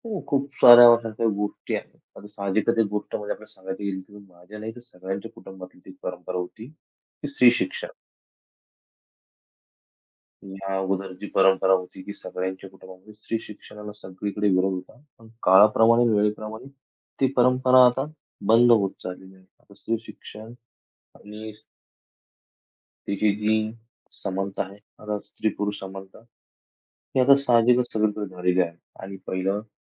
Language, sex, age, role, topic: Marathi, male, 25-29, guest, पिढी बदलताना परंपरा सोडाव्या की जपाव्या, याबद्दल तुमचे मत काय आहे?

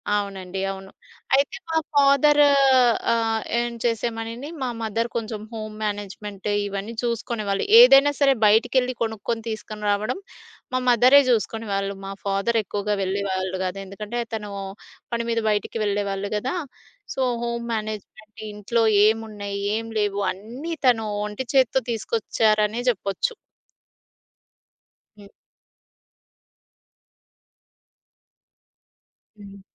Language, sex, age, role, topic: Telugu, female, 30-34, guest, కుటుంబ బాధ్యతలు పెరిగితే మీరు తీసుకునే రిస్క్ పరిమాణాన్ని తగ్గిస్తారా?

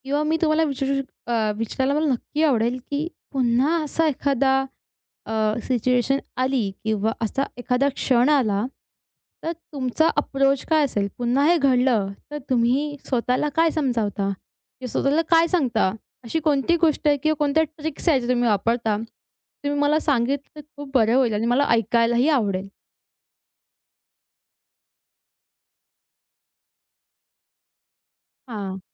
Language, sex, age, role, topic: Marathi, female, 20-24, host, तुमच्या आयुष्याला पूर्णपणे कलाटणी देणारा तो कोणता क्षण होता?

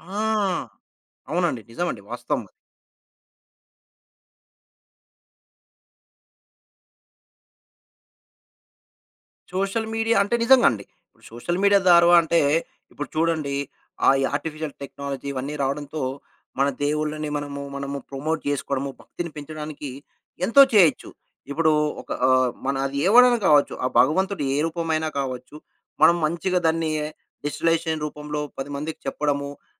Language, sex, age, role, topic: Telugu, male, 35-39, guest, యువతలో సంప్రదాయాన్ని ఎలా బలోపేతం చేయాలని మీరు సూచిస్తారు?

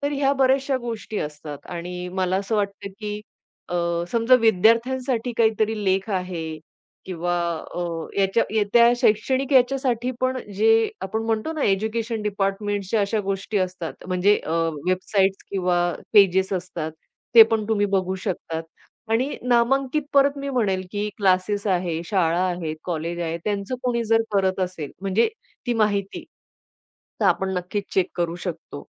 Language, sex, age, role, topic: Marathi, female, 40-44, guest, कंटेंट क्यूरेशन करताना कोणत्या गोष्टी टाळायला हव्यात?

